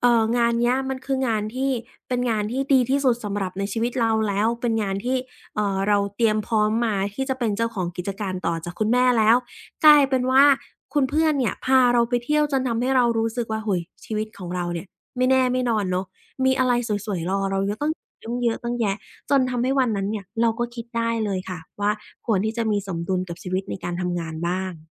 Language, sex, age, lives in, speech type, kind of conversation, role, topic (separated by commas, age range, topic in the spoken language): Thai, female, 25-29, Thailand, conversation, podcast, guest, สมดุลระหว่างชีวิตกับงานสำหรับคุณควรเป็นอย่างไร?